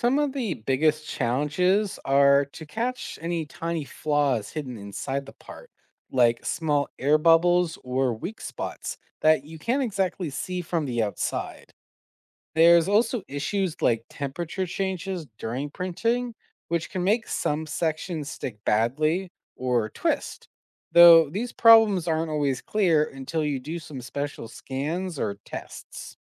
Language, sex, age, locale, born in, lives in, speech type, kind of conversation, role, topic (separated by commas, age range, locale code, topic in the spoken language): English, male, 25-29, en-CA, Canada, United States, read, expert-assistant, expert, How do 3D printers create objects from digital designs?